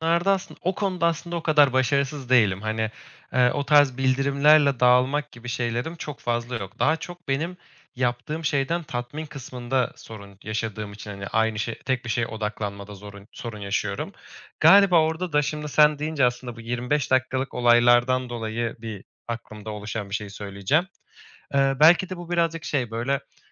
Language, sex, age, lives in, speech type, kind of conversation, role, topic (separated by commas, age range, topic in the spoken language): Turkish, male, 25-29, Germany, conversation, advice, user, Tek bir işe nasıl daha iyi odaklanabilirim?